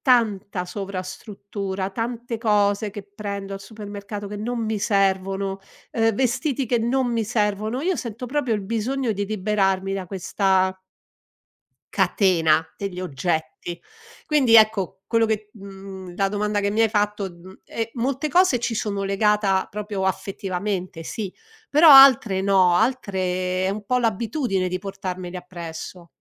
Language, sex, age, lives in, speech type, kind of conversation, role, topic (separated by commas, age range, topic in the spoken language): Italian, female, 60-64, Italy, conversation, advice, user, Come posso abbracciare il minimalismo senza temere le rinunce e il senso di vuoto?